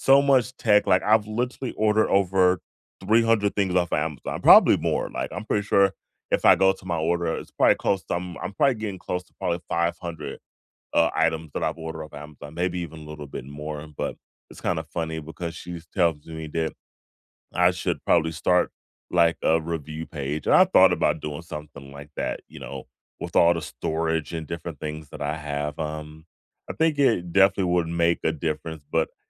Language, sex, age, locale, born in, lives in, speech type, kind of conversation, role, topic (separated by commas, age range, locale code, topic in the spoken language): English, male, 35-39, en-US, United States, United States, conversation, unstructured, none, What clever, real-life storage hacks have you actually tried that make everyday home living easier?